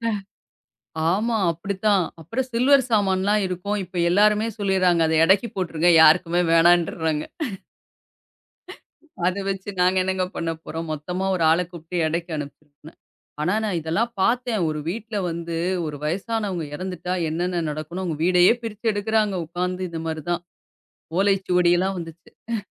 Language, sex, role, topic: Tamil, female, guest, பாரம்பரிய நகைகள் மற்றும் ஆடைகளை குடும்பங்களில் யாருக்கு, எப்படிப் பரம்பரையாக வழங்குகிறார்கள்?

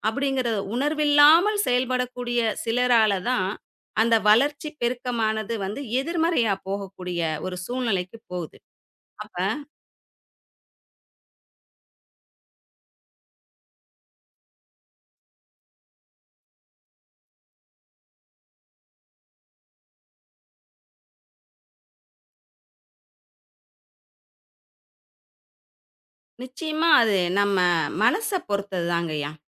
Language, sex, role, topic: Tamil, female, guest, தகவல் பெருக்கத்துக்கு எதிராக மேற்கொண்ட இணையத் துறப்பு முயற்சியில் உங்களுக்கு ஏற்பட்ட அனுபவங்கள் என்ன?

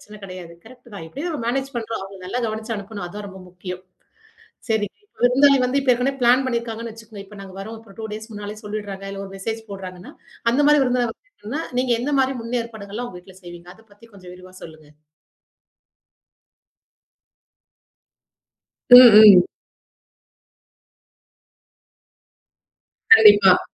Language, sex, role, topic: Tamil, female, host, விருந்தினரை உங்கள் வீட்டில் எப்படி வரவேற்கிறீர்கள்?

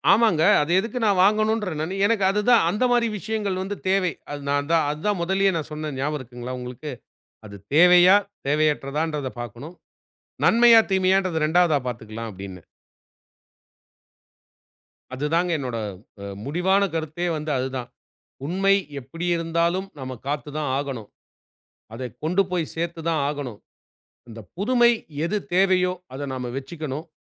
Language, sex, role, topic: Tamil, male, guest, பிரபலப் போக்குகளை ஓரளவு பின்பற்றினாலும், உண்மைத்தன்மையை நீங்கள் எப்படி நிலைநிறுத்துகிறீர்கள்?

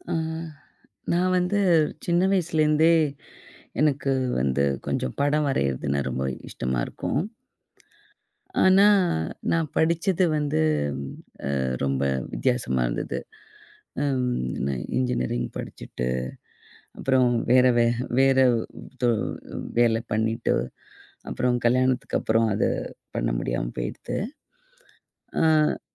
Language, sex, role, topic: Tamil, female, guest, இந்த ஆர்வத்தைத் தொடங்க உதவும் மூன்று எளிய குறிப்புகளைப் பகிர முடியுமா?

